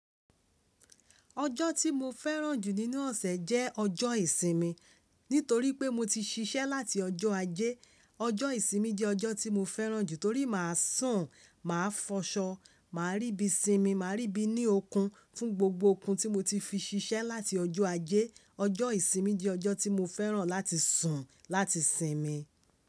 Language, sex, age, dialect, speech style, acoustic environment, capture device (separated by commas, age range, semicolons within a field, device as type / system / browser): Yoruba, female, 25-34, Nigeria - Lagos Yoruba, happy, silence, mobile / Linux / Chrome